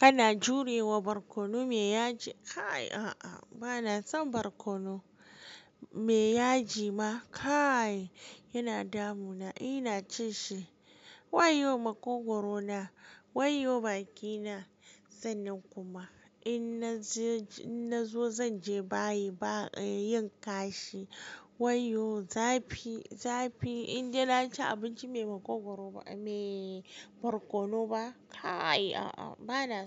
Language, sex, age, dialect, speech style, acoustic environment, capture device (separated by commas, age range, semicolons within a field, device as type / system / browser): Hausa, female, 18-24, Nigeria - Kano, happy; focused; excited, silence, mobile / Linux / Chrome